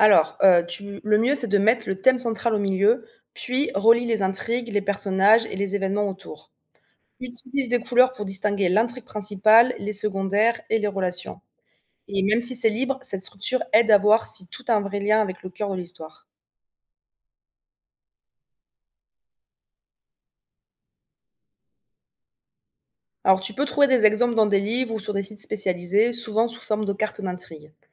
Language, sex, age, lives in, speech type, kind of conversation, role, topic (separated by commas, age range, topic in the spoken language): French, female, 25-29, France, read, expert-assistant, expert, Quelles étapes clés pour organiser l’écriture d’une histoire originale ?